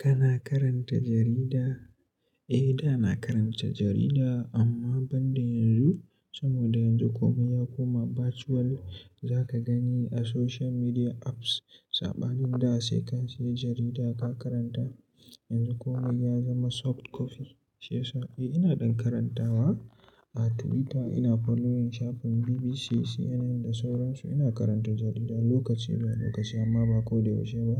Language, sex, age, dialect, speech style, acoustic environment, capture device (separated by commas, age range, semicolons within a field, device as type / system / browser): Hausa, male, 18-24, Nigeria - Katsina, happy, nature, mobile / Linux / Chrome